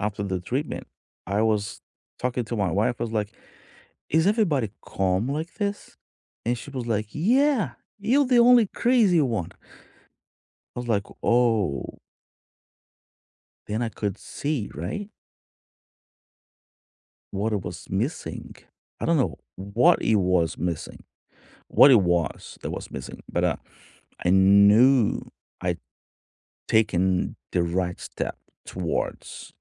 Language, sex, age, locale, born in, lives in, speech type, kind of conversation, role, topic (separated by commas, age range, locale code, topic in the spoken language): English, male, 45-49, en-BR, Brazil, United States, conversation, unstructured, none, What do you think about the stigma around mental health?